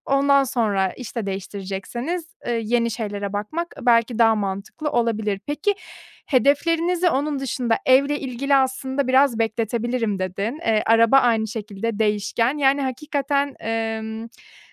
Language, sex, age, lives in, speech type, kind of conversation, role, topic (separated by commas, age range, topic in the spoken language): Turkish, female, 30-34, Germany, conversation, advice, advisor, Uzun vadeli hedeflerim için kısa vadeli zevklerden nasıl feragat edebilirim?